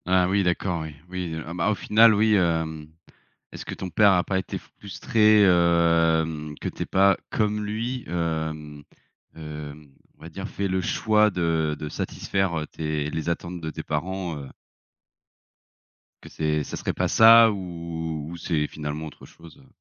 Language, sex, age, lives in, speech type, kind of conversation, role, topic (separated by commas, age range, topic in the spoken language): French, male, 30-34, France, conversation, podcast, host, Quelles attentes familiales pèsent sur les études, et comment les vivre au quotidien ?